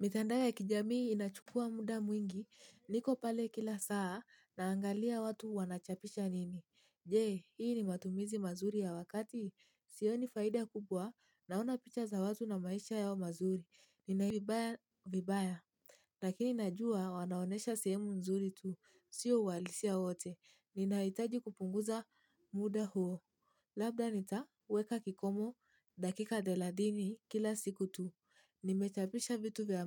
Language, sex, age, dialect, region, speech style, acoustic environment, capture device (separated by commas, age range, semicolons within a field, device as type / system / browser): Swahili, female, 18-24, Kenya - Mombasa, Kenya, anxious; happy, silence, mobile / Linux / Chrome